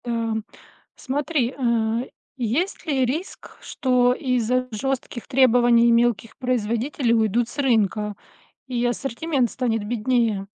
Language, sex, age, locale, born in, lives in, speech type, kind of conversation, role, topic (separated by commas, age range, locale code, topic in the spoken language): Russian, female, 45-49, ru-RU, Russia, United States, read, expert-assistant, asker, Как понять, насколько безопасны продукты, которые мы покупаем, если информации так много и она противоречивая?